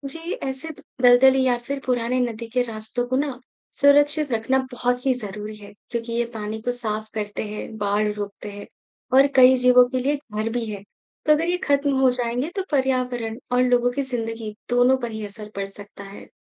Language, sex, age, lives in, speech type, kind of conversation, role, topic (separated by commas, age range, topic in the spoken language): Hindi, male, 55-59, India, read, expert-assistant, expert, क्यों नदियाँ मोड़ लेती हैं, इसे हम गली में बहती बारिश के पानी से कैसे जोड़कर समझ सकते हैं?